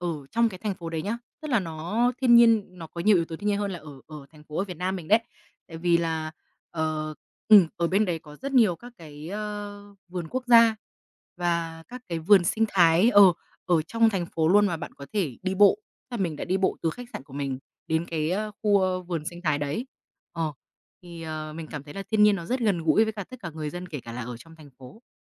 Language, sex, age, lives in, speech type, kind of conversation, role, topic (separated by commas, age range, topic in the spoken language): Vietnamese, female, 25-29, Vietnam, conversation, podcast, guest, Ký ức đáng nhớ nhất của bạn về thiên nhiên là gì?